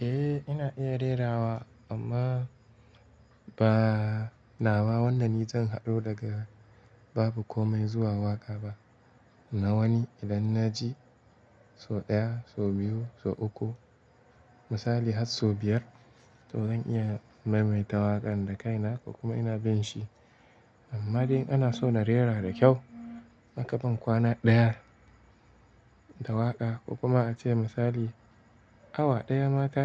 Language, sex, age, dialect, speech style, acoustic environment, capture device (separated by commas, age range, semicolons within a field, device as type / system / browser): Hausa, male, 18-24, Nigeria - Kano, relaxed, silence, mobile / Linux / Chrome